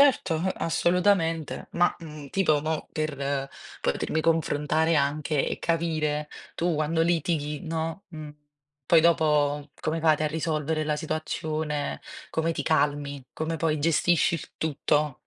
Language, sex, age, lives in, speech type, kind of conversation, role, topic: Italian, female, 30-34, Italy, conversation, unstructured, none, Come gestisci i litigi in una relazione?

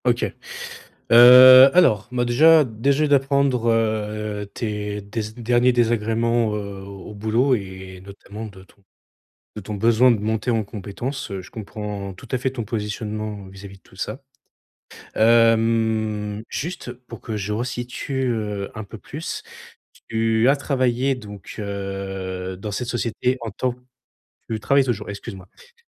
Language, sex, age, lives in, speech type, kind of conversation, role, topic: French, male, 25-29, France, conversation, advice, advisor, Quelles compétences devrais-je apprendre en priorité et comment établir un plan d’apprentissage pour progresser professionnellement ?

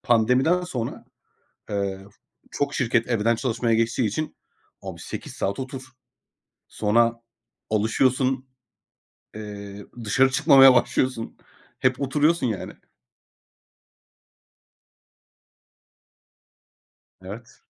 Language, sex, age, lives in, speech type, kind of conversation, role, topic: Turkish, male, 30-34, Greece, conversation, unstructured, none, Hareket etmeyi neden bazen bu kadar zor buluyoruz?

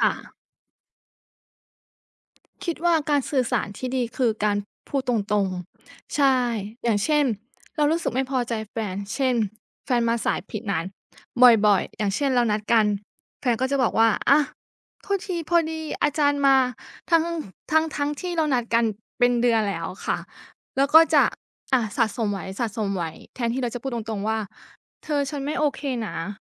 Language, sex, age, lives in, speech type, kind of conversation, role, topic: Thai, female, 25-29, Thailand, conversation, unstructured, none, การสื่อสารที่ดีในความรักควรเป็นอย่างไร?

